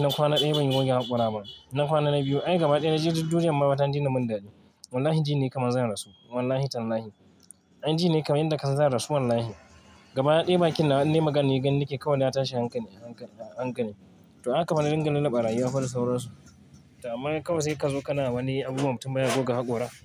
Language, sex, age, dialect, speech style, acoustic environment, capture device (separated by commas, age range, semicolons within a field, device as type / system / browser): Hausa, male, 25-34, Nigeria - Kano, focused, traffic, mobile / Linux / Chrome